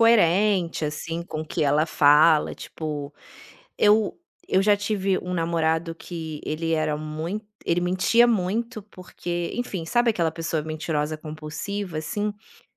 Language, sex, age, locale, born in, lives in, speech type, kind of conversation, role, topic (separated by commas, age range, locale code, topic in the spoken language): Portuguese, female, 35-39, pt-BR, Brazil, Italy, conversation, podcast, guest, Como você constrói confiança quando conhece alguém novo?